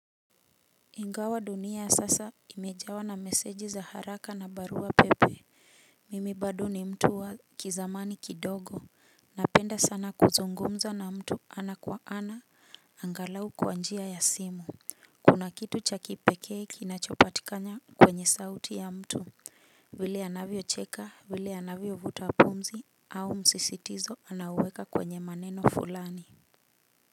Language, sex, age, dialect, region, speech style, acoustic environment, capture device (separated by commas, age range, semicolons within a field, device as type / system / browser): Swahili, female, 35-44, Kenya - Nairobi Sheng-influenced, Kenya, happy; relaxed, nature, mobile / Linux / Chrome